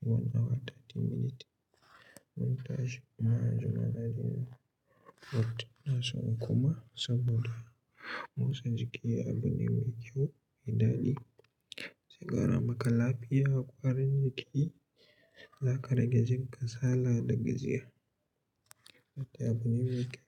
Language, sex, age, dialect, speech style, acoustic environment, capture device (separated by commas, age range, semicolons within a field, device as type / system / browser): Hausa, male, 18-24, Nigeria - Katsina, happy, silence; nature, mobile / Linux / Chrome